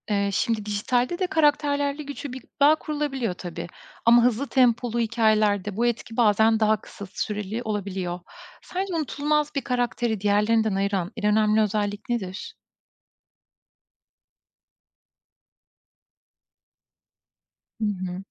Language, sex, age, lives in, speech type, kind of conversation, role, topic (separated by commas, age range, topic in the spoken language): Turkish, female, 35-39, Estonia, read, expert-assistant, expert, Dijital yayın platformlarının artması, geleneksel televizyonun değerini azaltıyor mu? Bu değişimin riskleri neler?